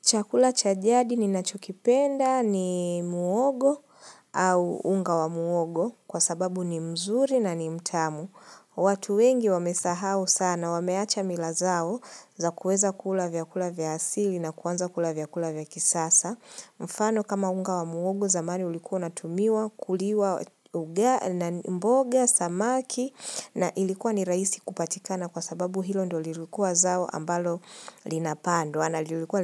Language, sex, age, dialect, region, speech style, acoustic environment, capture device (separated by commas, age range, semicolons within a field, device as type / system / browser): Swahili, female, 25-34, Kenya - Nairobi Sheng-influenced, Kenya, relaxed, silence, mobile / Linux / Chrome